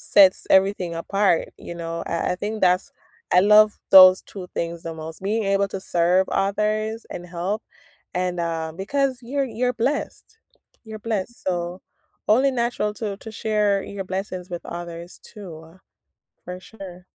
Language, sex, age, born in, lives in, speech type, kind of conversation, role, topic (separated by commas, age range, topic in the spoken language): English, female, 30-34, Jamaica, United States, conversation, unstructured, none, What are the benefits of volunteering locally?